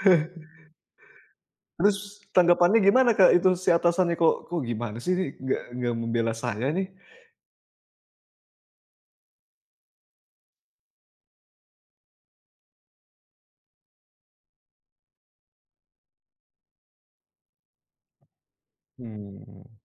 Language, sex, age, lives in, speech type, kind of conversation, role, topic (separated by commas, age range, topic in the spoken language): Indonesian, male, 35-39, Indonesia, conversation, podcast, host, Bagaimana cara kamu memastikan orang lain merasa benar-benar didengar melalui kata-kata?